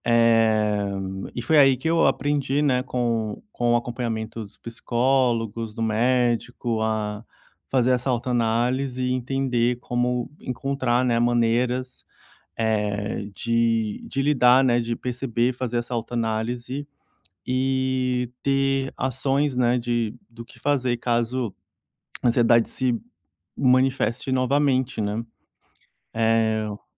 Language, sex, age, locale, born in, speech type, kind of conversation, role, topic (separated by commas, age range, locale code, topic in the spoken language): Portuguese, male, 30-34, pt-BR, Brazil, conversation, podcast, guest, Como lidar com a ansiedade que se manifesta no corpo?